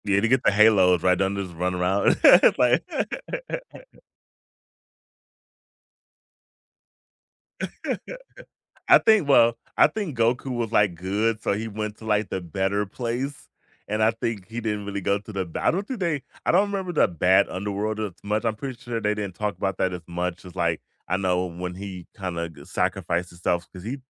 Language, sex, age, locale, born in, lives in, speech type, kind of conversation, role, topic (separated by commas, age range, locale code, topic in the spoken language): English, male, 35-39, en-US, United States, United States, conversation, unstructured, none, Which fictional world would you choose to live in for a week, and why?